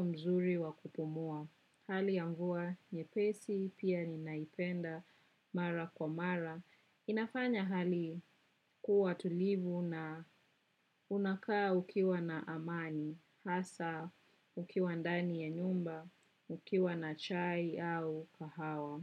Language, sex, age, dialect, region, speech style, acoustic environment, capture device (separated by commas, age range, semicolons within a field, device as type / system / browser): Swahili, female, 25-34, Kenya - Nairobi Sheng-influenced, Kenya, relaxed, nature, desktop / macOS / Chrome